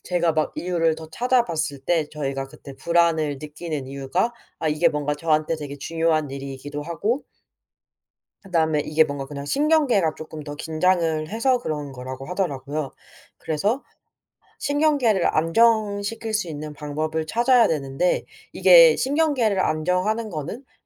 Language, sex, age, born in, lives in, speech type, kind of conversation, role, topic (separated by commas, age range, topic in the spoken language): Korean, female, 25-29, South Korea, South Korea, conversation, podcast, guest, 불안할 때 주로 어떤 방법을 쓰세요?